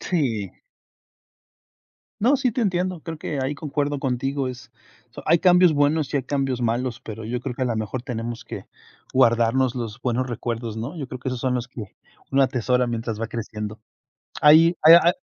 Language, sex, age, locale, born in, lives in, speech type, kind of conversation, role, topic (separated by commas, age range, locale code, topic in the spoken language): Spanish, male, 40-44, es-MX, Mexico, United States, conversation, unstructured, none, ¿Cómo celebran las fiestas importantes en tu familia?